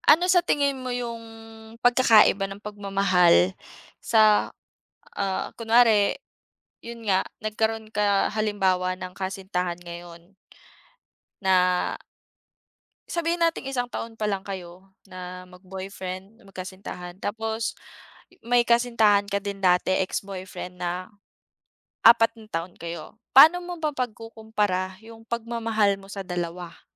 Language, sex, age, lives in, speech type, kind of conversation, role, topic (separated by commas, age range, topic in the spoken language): Filipino, female, 25-29, Philippines, conversation, unstructured, none, Paano mo nalalaman kung tunay ang pagmamahal ng isang tao?